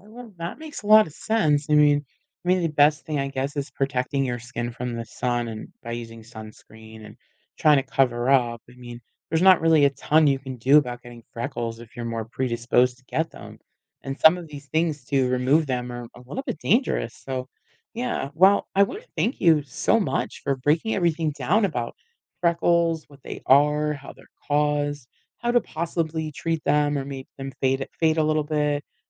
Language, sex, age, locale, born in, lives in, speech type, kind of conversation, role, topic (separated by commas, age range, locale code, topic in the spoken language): English, female, 45-49, en-US, United States, United States, read, expert-assistant, asker, Why do some people have freckles?